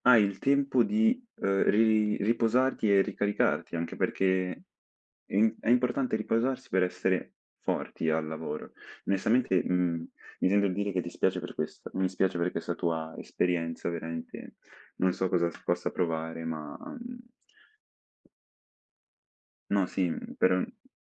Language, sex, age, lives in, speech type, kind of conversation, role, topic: Italian, male, 18-19, Italy, conversation, unstructured, none, Come ti prepari prima di una negoziazione importante al lavoro?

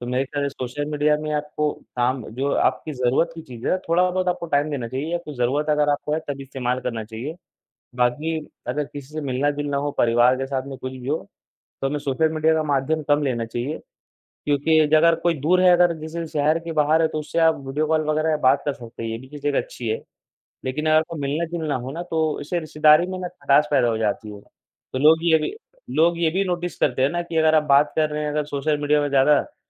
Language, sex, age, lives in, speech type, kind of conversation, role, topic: Hindi, male, 18-19, India, conversation, unstructured, none, क्या आपको लगता है कि सामाजिक माध्यमों ने हमारे रिश्तों को कैसे बदला है?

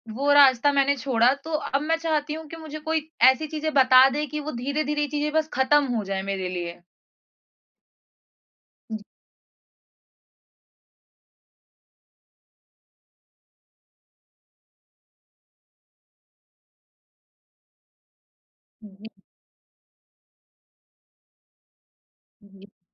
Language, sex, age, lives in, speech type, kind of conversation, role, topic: Hindi, female, 20-24, India, conversation, advice, user, क्या आपको रात में नियमित समय पर सोने में असफलता महसूस होती है?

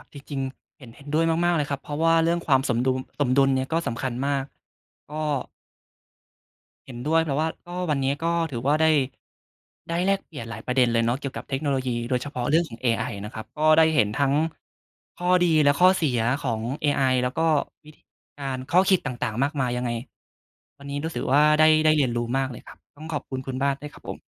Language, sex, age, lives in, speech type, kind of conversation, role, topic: Thai, male, 20-24, Thailand, conversation, unstructured, none, เทคโนโลยีเปลี่ยนวิถีชีวิตของคุณไปอย่างไรบ้าง?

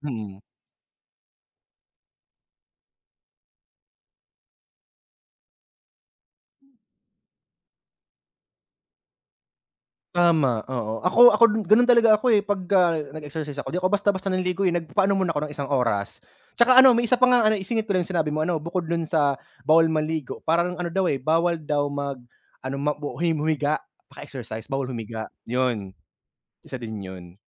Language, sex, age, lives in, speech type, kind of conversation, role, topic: Filipino, male, 30-34, Philippines, conversation, unstructured, none, Ano ang palagay mo sa mga taong hindi naliligo araw-araw?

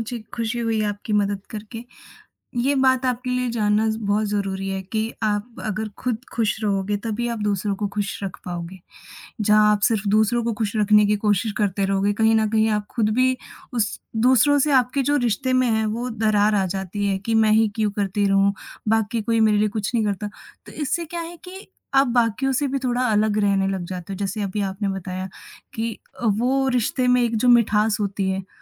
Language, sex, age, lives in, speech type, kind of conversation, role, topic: Hindi, female, 20-24, India, conversation, advice, advisor, मैं दूसरों को खुश करने के लिए ‘ना’ कहने में कठिनाई और अपनी सीमाएँ तय न कर पाने की समस्या से कैसे निपटूँ?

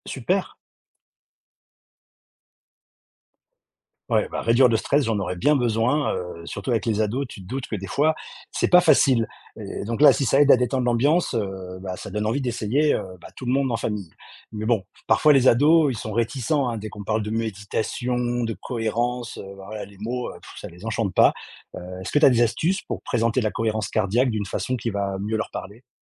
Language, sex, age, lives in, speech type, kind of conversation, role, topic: French, male, 40-44, France, read, expert-assistant, asker, Comment trouver un bon équilibre entre s’informer et se préserver face aux actualités ?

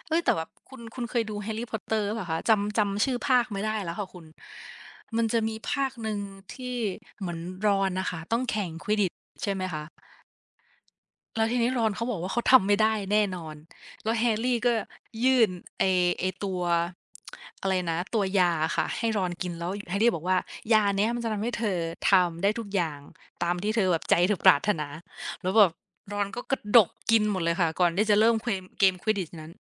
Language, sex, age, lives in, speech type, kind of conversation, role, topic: Thai, female, 25-29, Thailand, conversation, unstructured, none, ในสถานการณ์แบบไหนที่คุณคิดว่าการโกหกเป็นเรื่องที่ยอมรับได้?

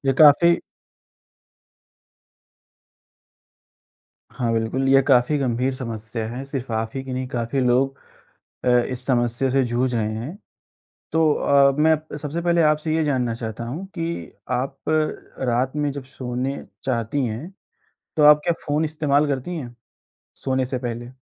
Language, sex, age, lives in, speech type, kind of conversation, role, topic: Hindi, male, 20-24, India, conversation, advice, advisor, मैं रात को जल्दी और चिंता‑मुक्त सोने के लिए एक शांत सोने की दिनचर्या कैसे बना सकता/सकती हूँ?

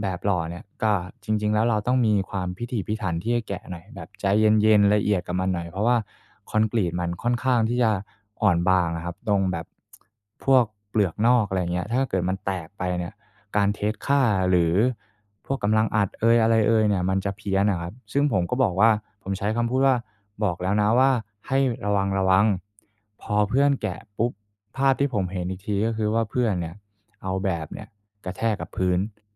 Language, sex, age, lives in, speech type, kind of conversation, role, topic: Thai, male, 25-29, Thailand, conversation, advice, user, ฉันควรให้คำติชมเพื่อนร่วมงานอย่างไรให้เขาไม่รู้สึกเสียใจ?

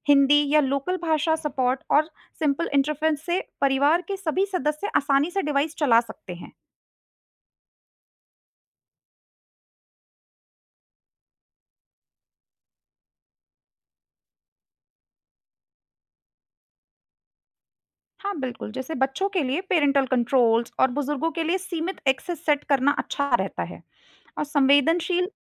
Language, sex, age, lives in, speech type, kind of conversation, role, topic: Hindi, female, 25-29, India, read, expert-assistant, expert, घर को स्मार्ट होम में बदलने के लाभ और संभावित चुनौतियाँ क्या हो सकती हैं?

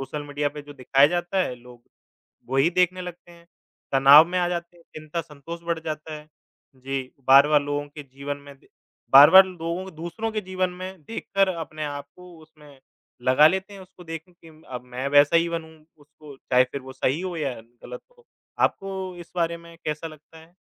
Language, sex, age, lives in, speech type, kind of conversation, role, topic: Hindi, male, 25-29, India, conversation, unstructured, none, क्या आपको लगता है कि सामाजिक माध्यम हमारी ज़िंदगी को खराब कर रहे हैं?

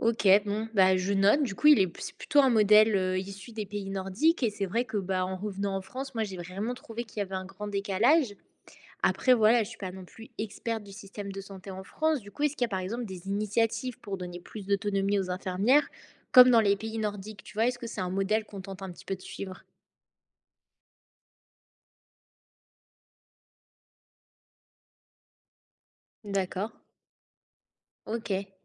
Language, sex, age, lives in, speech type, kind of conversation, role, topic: French, female, 20-24, France, read, expert-assistant, asker, Pourquoi le métier d’infirmière est-il perçu différemment selon les pays ?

